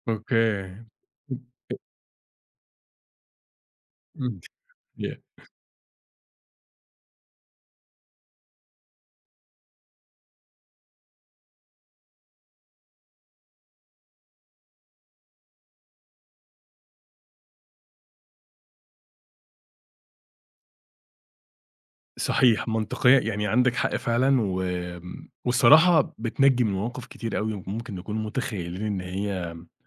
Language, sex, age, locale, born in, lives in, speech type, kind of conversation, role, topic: Arabic, male, 30-34, ar-EG, Egypt, Egypt, conversation, podcast, host, بتسامح الكذبة البيضاء ولا بتواجهها؟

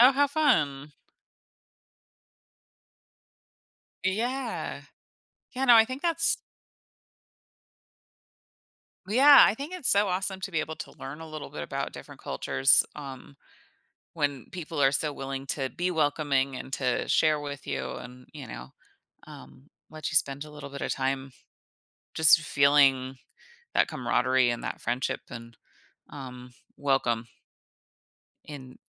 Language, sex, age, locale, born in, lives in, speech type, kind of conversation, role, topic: English, female, 35-39, en-US, United States, United States, conversation, podcast, guest, How does embracing your cultural heritage shape your life and relationships?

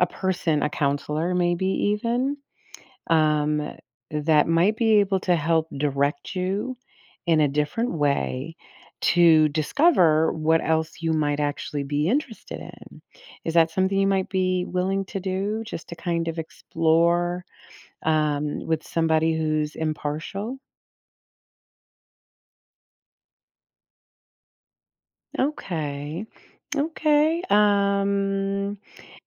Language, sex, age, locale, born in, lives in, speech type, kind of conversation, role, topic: English, female, 55-59, en-US, United States, United States, conversation, advice, advisor, How do I prioritize job search goals after an unexpected layoff?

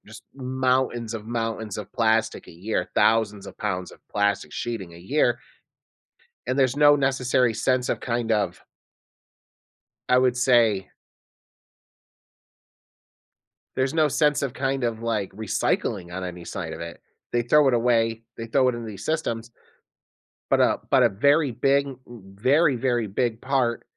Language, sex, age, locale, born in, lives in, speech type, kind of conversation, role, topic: English, male, 35-39, en-US, United States, United States, conversation, podcast, guest, How does plastic pollution change the health of our environment and the creatures living in it?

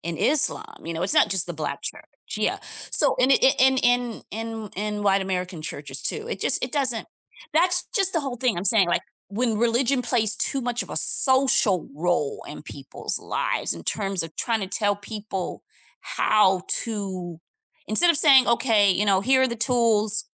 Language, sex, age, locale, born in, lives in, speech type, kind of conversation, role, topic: English, female, 50-54, en-US, United States, United States, conversation, unstructured, none, What role does religion play in people’s everyday lives today?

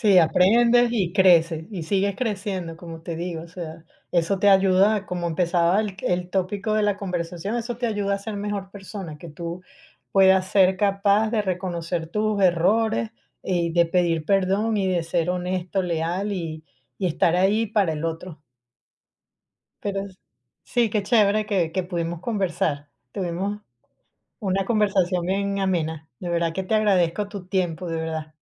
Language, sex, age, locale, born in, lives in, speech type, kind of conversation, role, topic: Spanish, female, 55-59, es-VE, Venezuela, United States, conversation, unstructured, none, ¿De qué manera la amistad puede ayudarte a crecer como persona?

